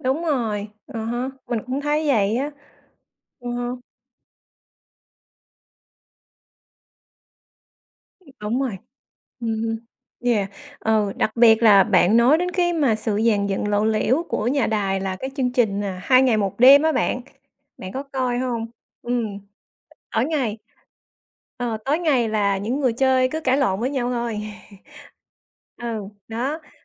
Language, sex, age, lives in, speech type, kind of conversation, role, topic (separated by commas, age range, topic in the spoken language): Vietnamese, female, 40-44, United States, conversation, unstructured, none, Bạn nghĩ sao về việc các chương trình giải trí quá tập trung vào tranh cãi?